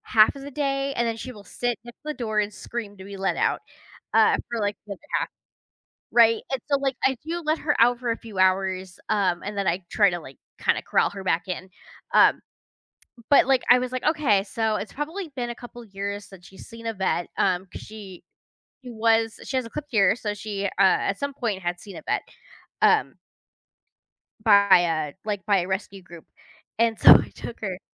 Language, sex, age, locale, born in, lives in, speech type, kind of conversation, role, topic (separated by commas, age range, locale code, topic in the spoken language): English, female, 30-34, en-US, United States, United States, conversation, unstructured, none, How do pets help people cope with stress or sadness?